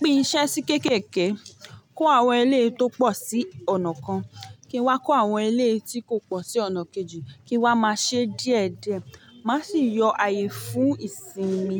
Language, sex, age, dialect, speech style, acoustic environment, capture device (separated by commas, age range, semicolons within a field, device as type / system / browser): Yoruba, female, 25-34, Nigeria - Ibadan, nervous, people talking, mobile / Linux / Chrome